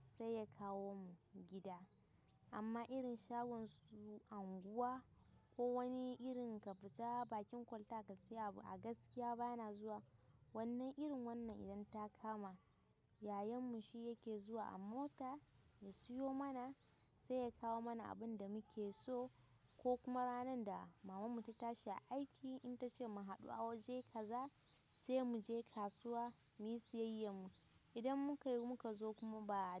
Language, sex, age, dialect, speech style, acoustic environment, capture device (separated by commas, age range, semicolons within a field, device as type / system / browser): Hausa, female, 18-24, Nigeria - Kano, excited, nature, mobile / Linux / Chrome